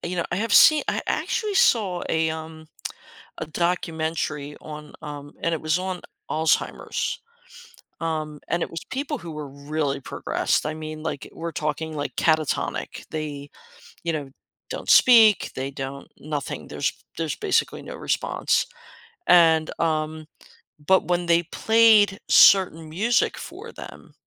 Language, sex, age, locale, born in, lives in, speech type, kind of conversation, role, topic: English, female, 55-59, en-US, United States, United States, conversation, podcast, host, Why do certain songs have the power to transport us back to meaningful moments in our lives?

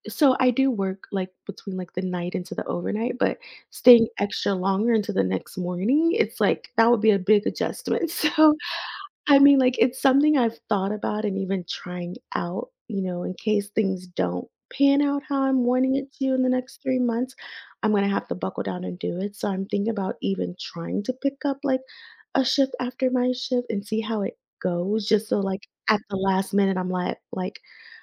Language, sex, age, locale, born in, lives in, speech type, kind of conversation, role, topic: English, female, 30-34, en-US, United States, United States, conversation, advice, user, How can I get my finances under control and reduce money-related stress?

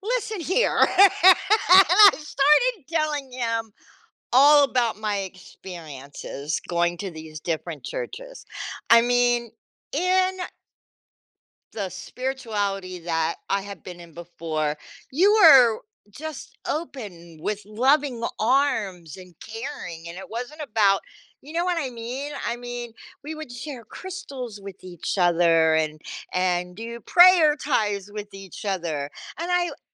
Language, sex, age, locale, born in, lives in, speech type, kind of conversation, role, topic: English, female, 60-64, en-US, United States, United States, conversation, podcast, guest, How can adopting a new habit lead to meaningful changes in your life?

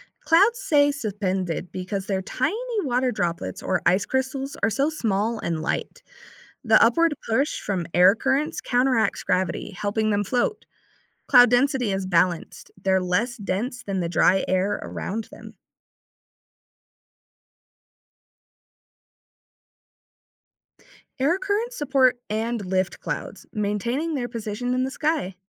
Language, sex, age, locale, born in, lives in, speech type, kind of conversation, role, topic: English, female, 20-24, en-US, United States, United States, read, expert-assistant, expert, How do clouds stay in the sky?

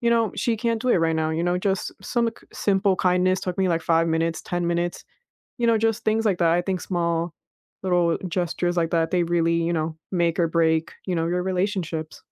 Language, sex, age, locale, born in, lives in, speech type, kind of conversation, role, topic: English, female, 20-24, en-US, United States, United States, conversation, unstructured, none, How can small, everyday gestures strengthen your relationships, and what are their limits?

